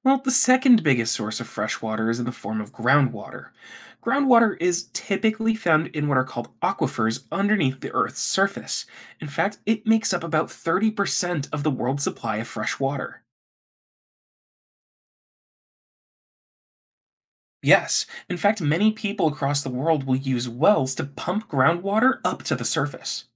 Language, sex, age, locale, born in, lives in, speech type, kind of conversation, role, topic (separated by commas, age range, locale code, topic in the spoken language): English, male, 25-29, en-US, United States, United States, read, expert-assistant, expert, What is the largest source of freshwater on Earth?